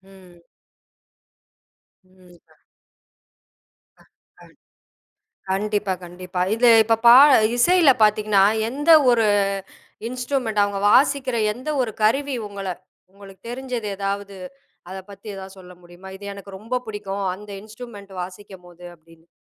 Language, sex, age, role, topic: Tamil, female, 40-44, host, ஒரு பாடலைக் கேட்கும் போது உங்களை முதலில் ஈர்ப்பது சொற்களா, அல்லது மெட்டா?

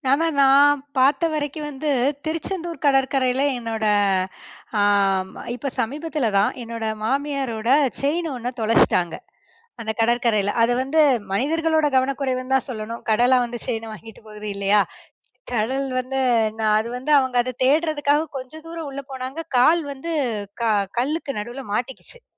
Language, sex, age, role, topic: Tamil, female, 30-34, guest, கடற்கரை வழியாக நடந்த அனுபவம் உங்களுக்கு எப்படி இருந்தது?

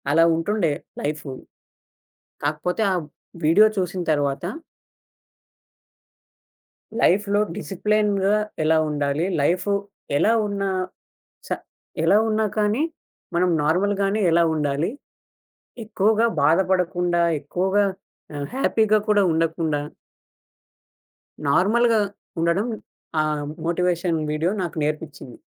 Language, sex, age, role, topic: Telugu, male, 20-24, guest, సోషల్ మీడియా నీ ఆత్మవిశ్వాసాన్ని ఎలా ప్రభావితం చేస్తుంది?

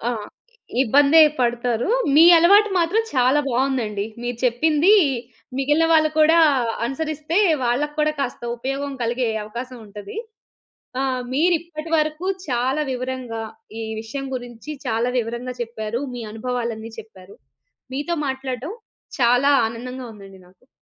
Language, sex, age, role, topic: Telugu, female, 30-34, host, డిజిటల్ చెల్లింపులు వాడటం మీ రోజువారీ అలవాటుగా ఎలా మారింది?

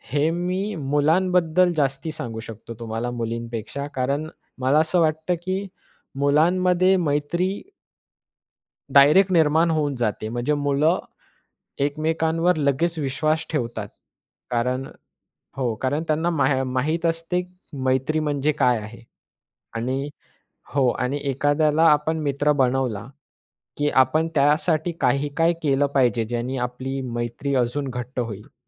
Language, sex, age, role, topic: Marathi, male, 20-24, guest, मित्रांशी विश्वास कसा निर्माण करायचा आणि त्यासाठी तुमचे कोणते सल्ले आहेत?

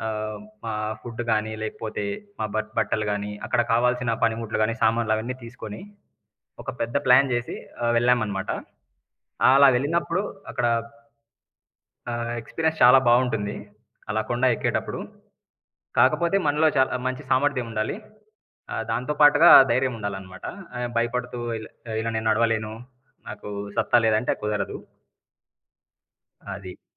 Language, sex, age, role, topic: Telugu, male, 25-29, guest, నడక లేదా ట్రెక్కింగ్ చేయడం మీకు ఏమి ఇస్తుంది?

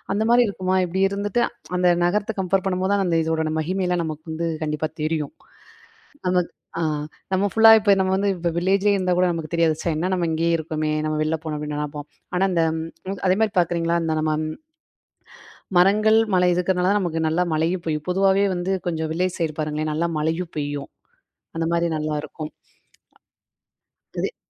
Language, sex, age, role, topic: Tamil, female, 25-29, guest, வனங்களும் மரங்களும் நமக்கு எவ்வளவு முக்கியம் என்பதை விளக்க முடியுமா?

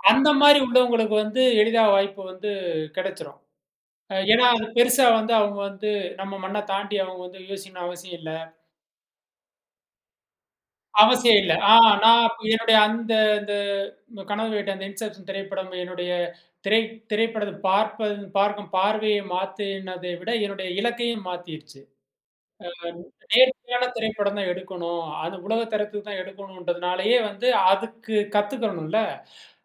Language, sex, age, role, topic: Tamil, male, 35-39, guest, உங்கள் படைப்புக்கு ஊக்கம் எங்கிருந்து வருகிறது?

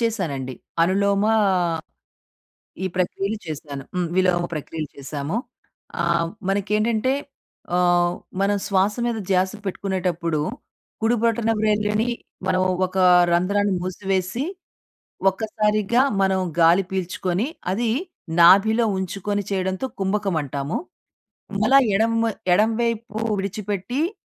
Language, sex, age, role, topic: Telugu, female, 40-44, guest, మనసుకు శాంతి కలిగించేందుకు మీరు సాధారణంగా చేసే శ్వాస వ్యాయామం ఏది?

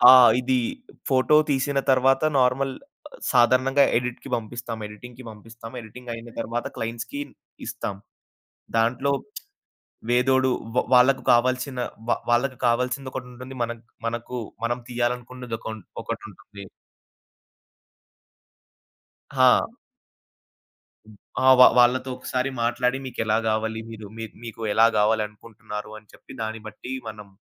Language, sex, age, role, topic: Telugu, male, 20-24, guest, సృజనాత్మక పనిలో మీ వ్యక్తిగత గుర్తింపు మీకు ఎంత ముఖ్యంగా అనిపిస్తుంది?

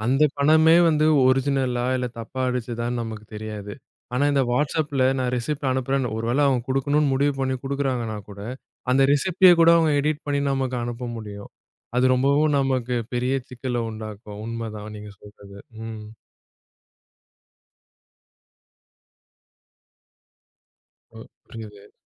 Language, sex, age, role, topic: Tamil, male, 30-34, host, சமூக வலைதளங்களில் மற்றவர்களுடன் உங்களை ஒப்பிட்டு மனம் பாதிக்கப்படும்போது நீங்கள் என்ன செய்வீர்கள்?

